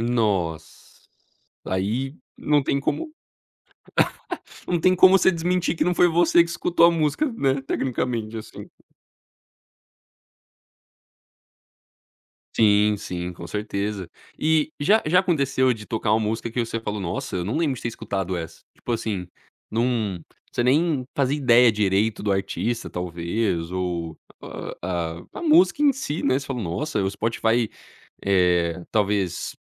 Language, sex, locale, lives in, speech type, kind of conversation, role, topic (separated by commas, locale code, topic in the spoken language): Portuguese, male, pt-BR, United States, conversation, podcast, host, Como manter uma playlist colaborativa organizada sem virar bagunça?